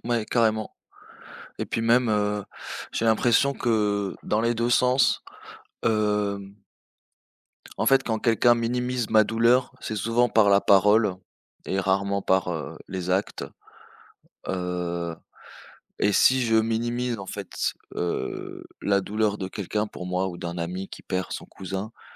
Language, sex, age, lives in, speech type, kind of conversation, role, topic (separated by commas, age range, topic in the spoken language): French, male, 25-29, France, conversation, unstructured, none, Que fais-tu quand quelqu’un minimise ta douleur après une perte ?